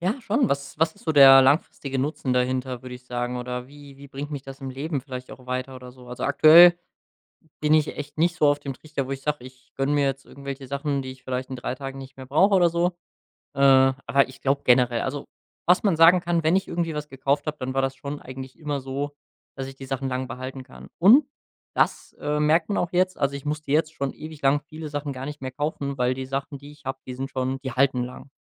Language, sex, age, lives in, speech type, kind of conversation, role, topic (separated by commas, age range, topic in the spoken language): German, male, 25-29, Germany, conversation, podcast, guest, Wie findest du die richtige Balance zwischen Spaß heute und Sicherheit später?